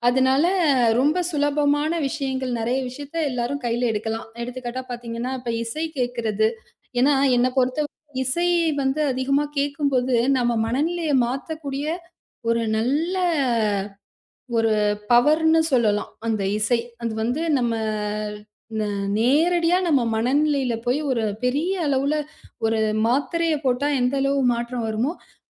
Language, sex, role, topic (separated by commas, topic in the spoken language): Tamil, female, guest, மனஅழுத்தம் அதிகமாக இருக்கும் நேரங்களில் உங்கள் பொழுதுபோக்குகள் உங்களுக்கு எப்படி உதவுகின்றன?